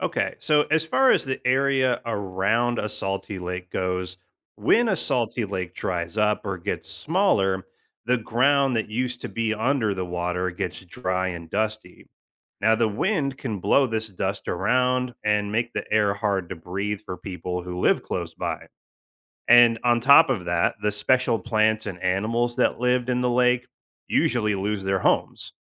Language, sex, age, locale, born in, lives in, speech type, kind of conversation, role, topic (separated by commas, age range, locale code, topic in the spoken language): English, male, 35-39, en-US, United States, United States, read, expert-assistant, expert, Why are some lakes salty instead of fresh?